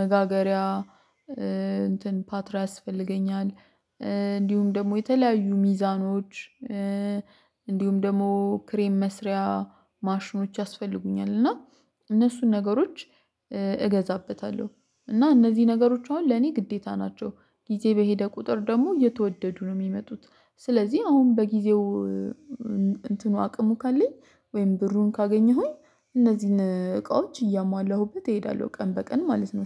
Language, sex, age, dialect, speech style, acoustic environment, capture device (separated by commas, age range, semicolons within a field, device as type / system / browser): Amharic, female, 25-34, Ethiopia - Addis Ababa, focused, silence, mobile / Linux / Chrome